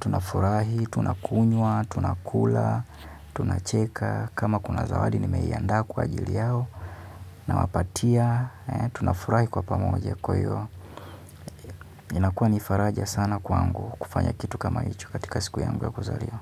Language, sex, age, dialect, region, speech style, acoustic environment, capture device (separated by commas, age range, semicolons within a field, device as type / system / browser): Swahili, male, 25-34, Kenya - Nairobi Sheng-influenced, Kenya, relaxed; focused; happy, silence, mobile / Linux / Chrome